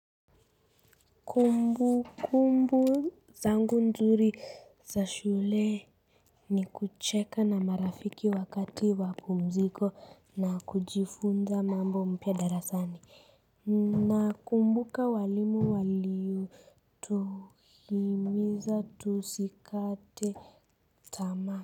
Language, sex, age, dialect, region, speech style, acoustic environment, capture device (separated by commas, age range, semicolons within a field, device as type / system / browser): Swahili, female, 35-44, Kenya - Nairobi Sheng-influenced, Kenya, relaxed, silence, mobile / Linux / Chrome